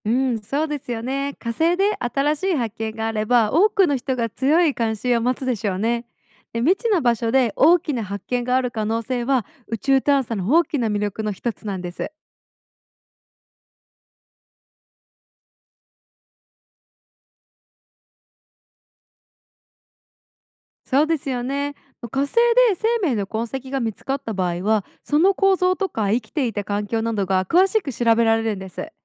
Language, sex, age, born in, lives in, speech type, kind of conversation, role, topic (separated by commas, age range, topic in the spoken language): Japanese, female, 25-29, Japan, United States, read, expert-assistant, expert, 火星探査ローバーが予想外のトラブルに遭遇したとき、どのようにして問題を見つけて解決するのですか？